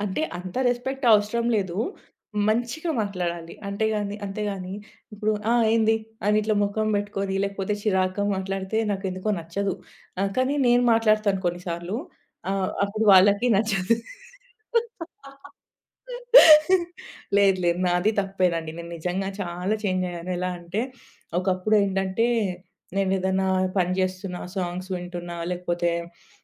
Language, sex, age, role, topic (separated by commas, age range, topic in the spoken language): Telugu, female, 30-34, guest, టోన్‌ వల్ల మన మాటల అర్థం ఎలా మారుతుంది?